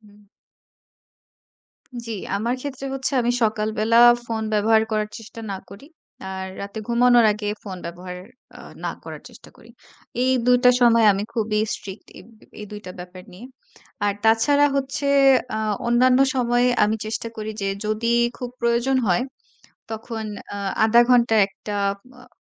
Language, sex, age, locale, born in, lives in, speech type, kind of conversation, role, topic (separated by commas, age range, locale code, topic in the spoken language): Bengali, female, 25-29, bn-BD, Bangladesh, Bangladesh, conversation, podcast, guest, ডিজিটাল ডিটক্স কীভাবে শুরু করব?